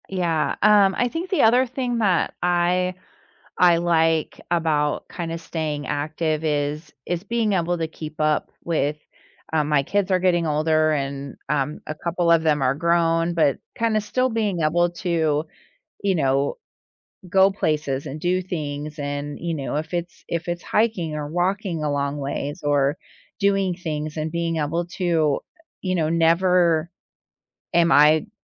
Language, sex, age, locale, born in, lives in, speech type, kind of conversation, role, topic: English, female, 45-49, en-US, United States, United States, conversation, podcast, guest, How has staying active shaped your daily life and well-being?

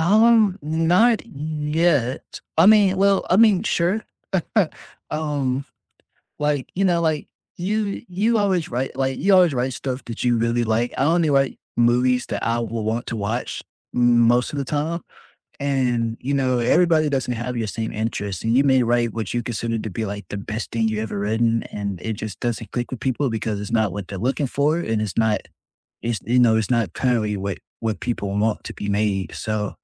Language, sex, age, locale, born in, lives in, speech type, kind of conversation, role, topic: English, male, 20-24, en-US, United States, United States, conversation, unstructured, none, What scares you about making big decisions for your future?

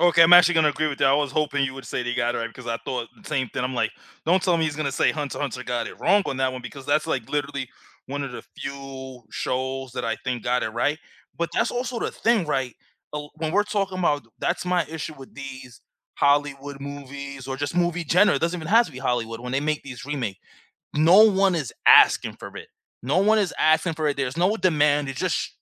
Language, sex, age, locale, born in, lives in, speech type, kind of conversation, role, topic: English, male, 30-34, en-US, United States, United States, conversation, unstructured, none, Can movie remakes honor the original's spirit and artistry, or are they just cash-grabs that harm creativity?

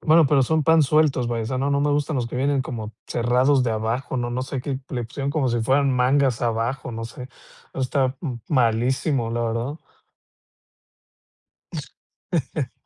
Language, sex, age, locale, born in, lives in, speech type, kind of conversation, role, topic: Spanish, male, 35-39, es-MX, Mexico, Mexico, conversation, podcast, guest, ¿Qué prenda nunca falta en tu armario y por qué?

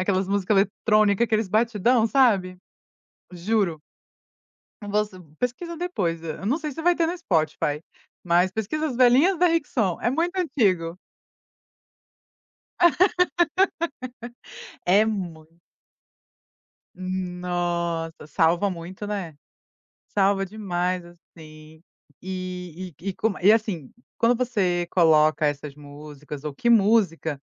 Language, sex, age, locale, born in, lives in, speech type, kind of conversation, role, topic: Portuguese, female, 30-34, pt-BR, Brazil, United States, conversation, podcast, host, O que normalmente te faz revisitar músicas antigas?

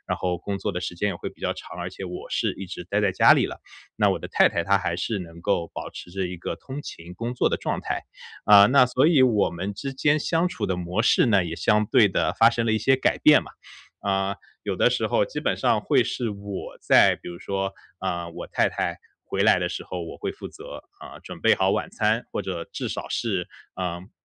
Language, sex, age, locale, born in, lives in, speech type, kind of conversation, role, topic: Chinese, male, 30-34, zh-CN, China, United States, conversation, advice, user, 我该如何真诚地道歉并修复我们的关系？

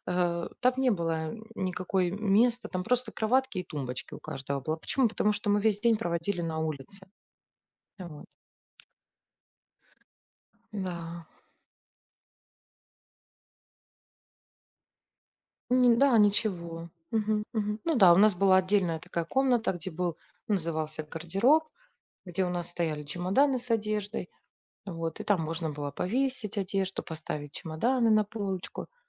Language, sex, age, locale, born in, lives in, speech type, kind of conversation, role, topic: Russian, female, 40-44, ru-RU, Ukraine, Spain, conversation, unstructured, none, Какое у вас самое яркое воспоминание из детства?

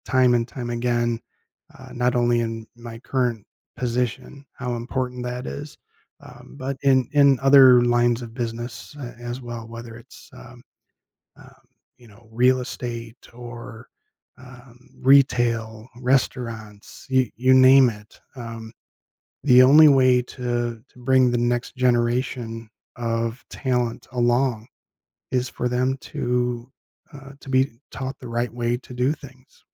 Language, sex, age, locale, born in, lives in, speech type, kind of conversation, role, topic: English, male, 50-54, en-US, United States, United States, conversation, podcast, guest, How do you turn setbacks at work into opportunities for growth?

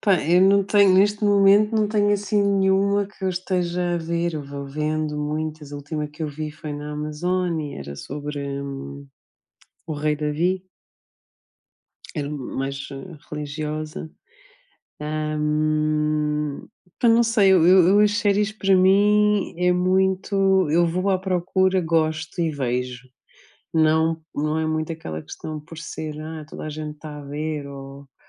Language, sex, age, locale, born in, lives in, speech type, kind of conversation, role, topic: Portuguese, female, 40-44, pt-PT, Portugal, Portugal, conversation, unstructured, none, Quais são as suas séries de TV favoritas e por que elas são tão cativantes?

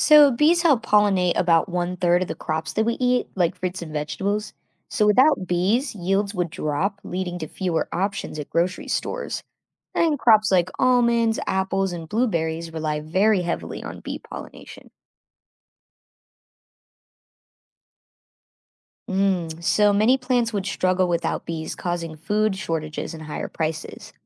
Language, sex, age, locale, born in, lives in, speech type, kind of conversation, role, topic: English, female, 25-29, en-US, United States, United States, read, expert-assistant, expert, What role do bees play in our ecosystem?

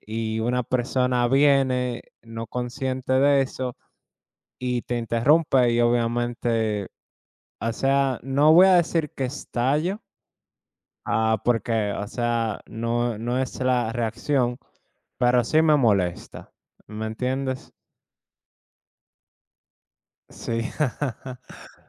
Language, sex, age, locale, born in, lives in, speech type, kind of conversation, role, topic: Spanish, male, 25-29, es-DO, United States, United States, conversation, unstructured, none, ¿Te enojas cuando alguien interrumpe el tiempo que dedicas a tu pasatiempo?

